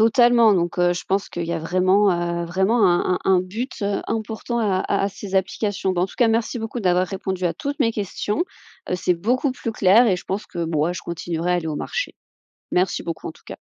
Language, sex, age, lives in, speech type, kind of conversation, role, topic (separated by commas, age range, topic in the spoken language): French, female, 30-34, France, read, expert-assistant, asker, Où puis-je trouver des infos fiables sur la façon dont notre nourriture est produite aujourd’hui ?